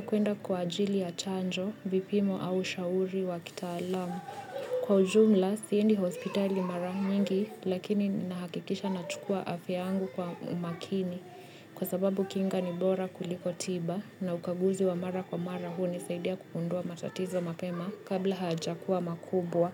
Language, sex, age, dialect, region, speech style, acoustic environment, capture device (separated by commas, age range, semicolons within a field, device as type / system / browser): Swahili, female, 25-34, Kenya - Nairobi Sheng-influenced, Kenya, happy, people talking; music, mobile / Linux / Chrome